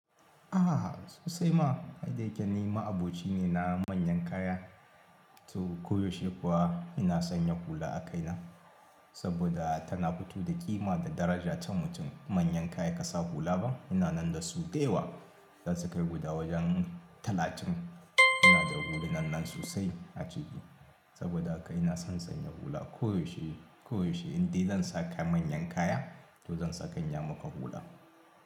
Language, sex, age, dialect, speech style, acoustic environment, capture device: Hausa, male, 35-44, Nigeria - Kano, happy, people talking; appliances, mobile / Linux / Chrome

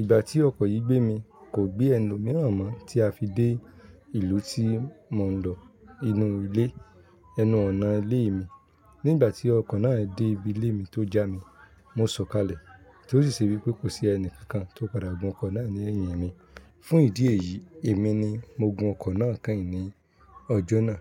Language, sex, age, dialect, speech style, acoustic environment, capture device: Yoruba, male, 25-34, Nigeria - Ibadan, happy, silence, mobile / Linux / Chrome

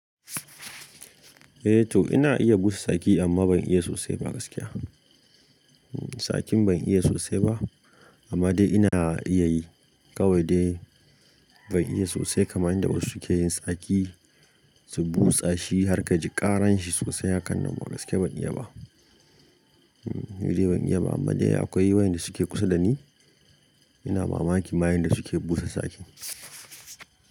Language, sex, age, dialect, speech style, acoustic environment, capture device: Hausa, male, 25-34, Nigeria - Kano, happy; focused, silence; nature, mobile / Linux / Chrome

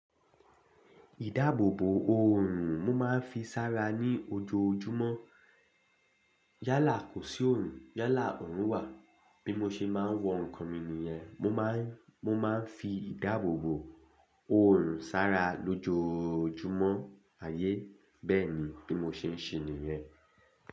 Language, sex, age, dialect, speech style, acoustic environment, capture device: Yoruba, male, 25-34, Nigeria - Lagos Yoruba, happy, silence; nature, desktop / Linux / Chrome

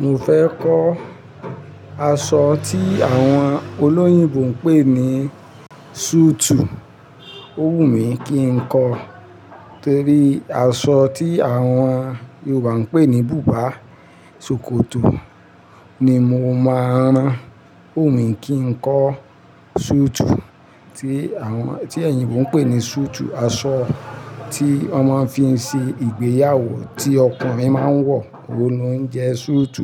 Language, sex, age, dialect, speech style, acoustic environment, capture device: Yoruba, male, 45-54, Nigeria - Ibadan, happy, nature, mobile / Linux / Chrome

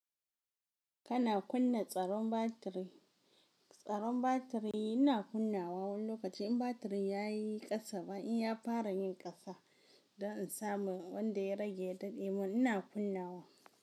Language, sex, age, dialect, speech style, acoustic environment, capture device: Hausa, female, 18-24, Nigeria - Kano, happy; relaxed, silence, mobile / Linux / Chrome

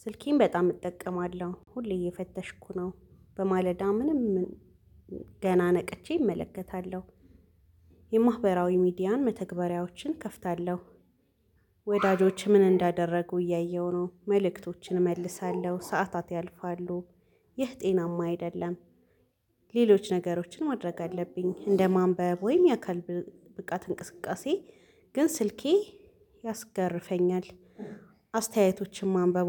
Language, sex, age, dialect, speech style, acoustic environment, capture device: Amharic, female, 25-34, Ethiopia - Addis Ababa, relaxed, people talking, mobile / Linux / Chrome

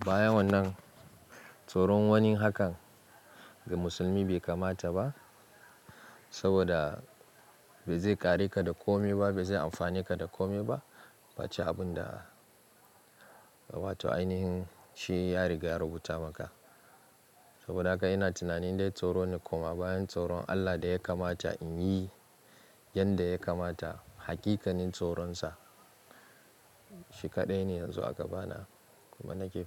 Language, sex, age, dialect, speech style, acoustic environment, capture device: Hausa, male, 25-34, Nigeria - Kano, relaxed; focused, nature; silence, mobile / Linux / Chrome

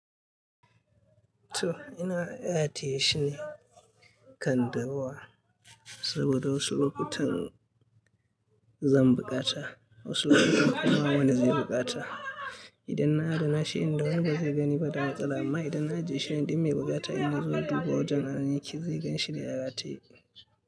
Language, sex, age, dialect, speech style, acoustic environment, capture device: Hausa, male, 25-34, Nigeria - Katsina, happy; anxious; tired; relaxed; stressed; focused; excited; nervous, people talking; music; nature; silence; appliances; construction; traffic, mobile / Linux / Chrome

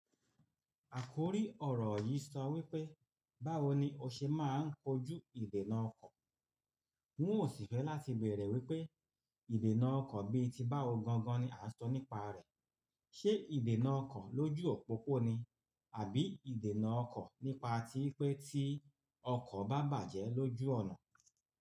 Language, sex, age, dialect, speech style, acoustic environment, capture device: Yoruba, male, 45-54, Nigeria - Lagos Yoruba, relaxed, nature; appliances; silence, mobile / Linux / Chrome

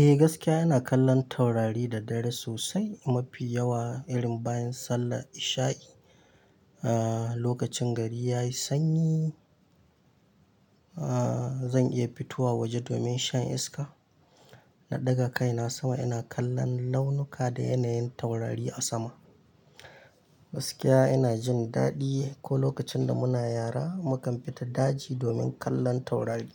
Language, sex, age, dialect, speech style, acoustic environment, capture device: Hausa, male, 25-34, Nigeria - Kano, excited; anxious, nature, mobile / Linux / Chrome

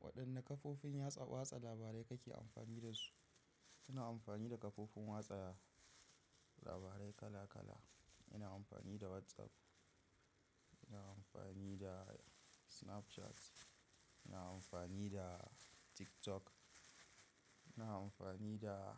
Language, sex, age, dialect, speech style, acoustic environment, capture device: Hausa, male, 18-24, Nigeria - Kano, nervous, construction; appliances, mobile / macOS / Safari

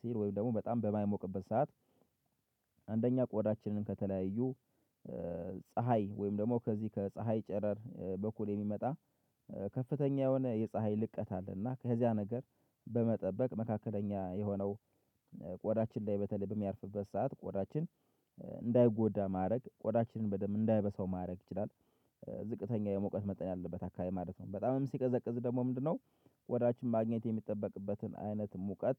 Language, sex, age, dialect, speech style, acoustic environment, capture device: Amharic, male, 25-34, Ethiopia - Gojjam, happy, silence, mobile / Linux / Chrome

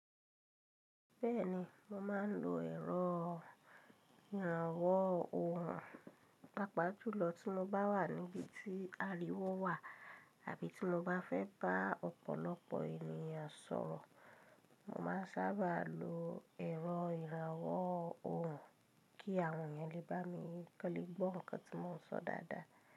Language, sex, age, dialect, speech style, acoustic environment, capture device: Yoruba, female, 25-34, Nigeria - Ibadan, relaxed, silence, mobile / Linux / Chrome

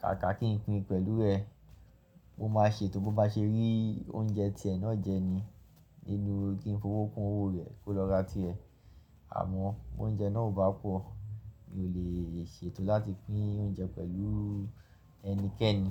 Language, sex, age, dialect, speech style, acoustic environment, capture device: Yoruba, male, 25-34, Nigeria - Lagos Yoruba, focused, appliances, mobile / Linux / Chrome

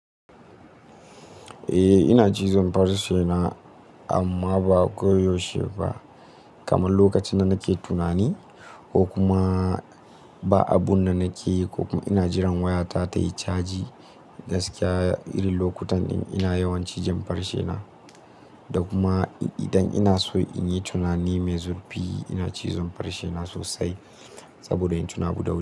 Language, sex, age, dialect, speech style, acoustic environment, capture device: Hausa, male, 18-24, Nigeria - Nigerian Standard, happy; excited; focused, silence; nature; appliances, mobile / Linux / Chrome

